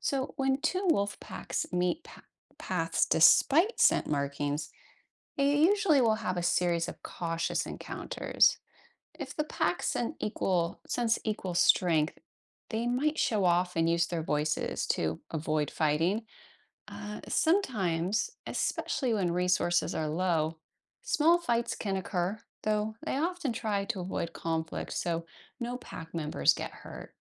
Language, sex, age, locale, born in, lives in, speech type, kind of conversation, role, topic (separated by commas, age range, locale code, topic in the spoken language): English, female, 40-44, en-US, United States, United States, read, expert-assistant, expert, How do wolves communicate within their packs?